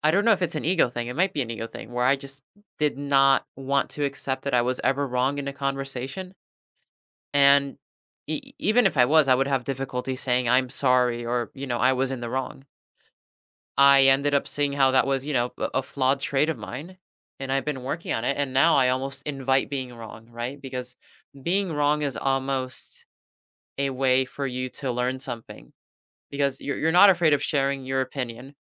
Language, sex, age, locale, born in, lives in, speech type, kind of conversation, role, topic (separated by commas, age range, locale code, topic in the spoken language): English, male, 20-24, en-US, United States, United States, conversation, unstructured, none, What is one way your approach to learning has changed over time?